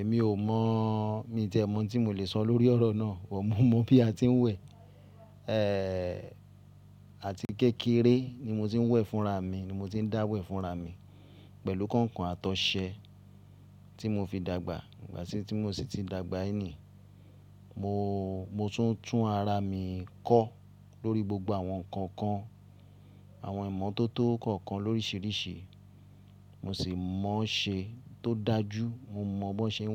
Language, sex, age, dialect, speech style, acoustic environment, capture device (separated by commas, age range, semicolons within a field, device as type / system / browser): Yoruba, male, 35-44, Nigeria - Ibadan, happy, people talking, mobile / Linux / Chrome